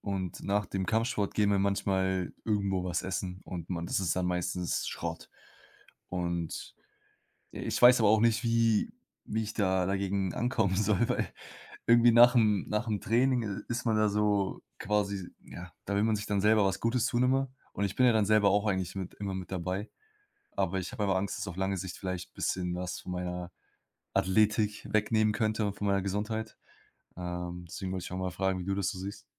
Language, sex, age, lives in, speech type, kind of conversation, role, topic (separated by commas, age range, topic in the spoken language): German, male, 20-24, Germany, conversation, advice, user, Welche Schwierigkeiten hast du, wenn du mit Kolleg:innen essen gehst und dabei gesunde Entscheidungen treffen möchtest?